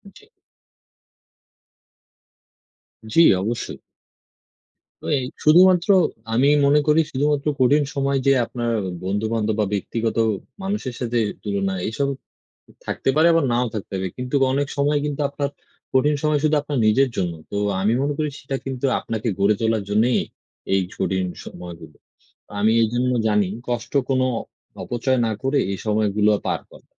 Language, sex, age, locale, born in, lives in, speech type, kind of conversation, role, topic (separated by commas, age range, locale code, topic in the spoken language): Bengali, male, 20-24, bn-BD, Bangladesh, Bangladesh, conversation, unstructured, none, কঠিন সময়ে তুমি কীভাবে মনোবল ধরে রাখো?